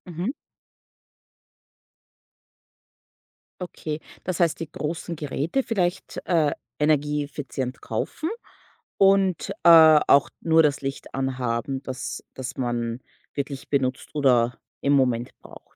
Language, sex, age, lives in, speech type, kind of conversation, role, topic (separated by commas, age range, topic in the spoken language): German, female, 30-34, United States, conversation, podcast, host, Was tust du, um Strom und Heizkosten zu sparen?